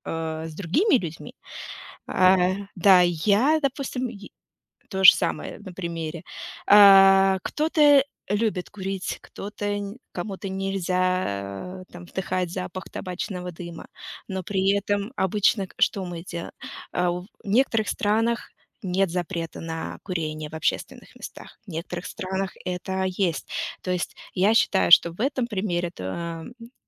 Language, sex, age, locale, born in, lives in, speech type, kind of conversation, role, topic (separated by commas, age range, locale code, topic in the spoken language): Russian, female, 35-39, ru-RU, Russia, United States, conversation, unstructured, none, Что важнее: личная свобода или общественный порядок?